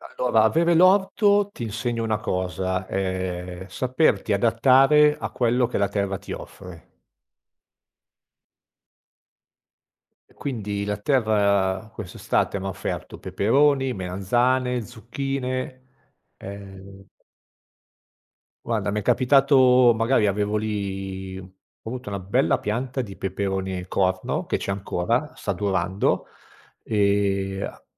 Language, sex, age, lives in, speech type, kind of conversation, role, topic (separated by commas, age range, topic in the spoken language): Italian, male, 50-54, Italy, conversation, podcast, guest, Come ti regoli con il cibo per ridurre gli sprechi?